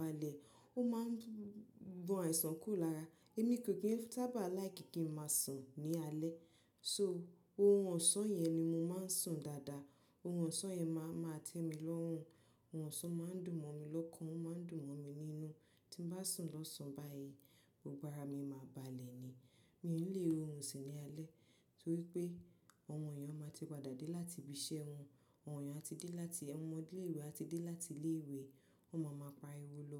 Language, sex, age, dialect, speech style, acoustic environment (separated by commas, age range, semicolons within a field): Yoruba, female, 25-34, Nigeria - Nigerian Standard, relaxed, people talking